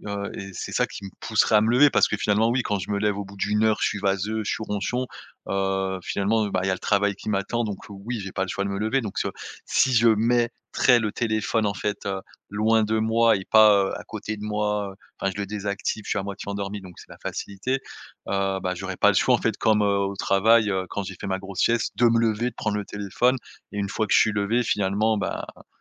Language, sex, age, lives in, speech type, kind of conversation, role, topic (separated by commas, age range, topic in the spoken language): French, male, 30-34, France, conversation, advice, user, Comment décrirais-tu tes siestes trop longues ou trop tardives qui gâchent ton sommeil nocturne ?